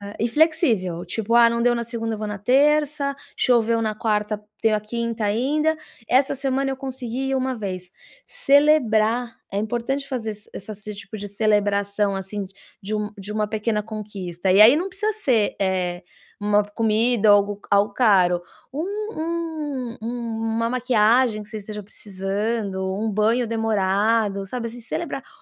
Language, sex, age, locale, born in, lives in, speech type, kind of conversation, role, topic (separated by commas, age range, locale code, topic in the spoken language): Portuguese, female, 40-44, pt-BR, Brazil, United States, conversation, advice, advisor, Como posso começar um novo hábito com passos pequenos e consistentes sem me sentir sobrecarregado?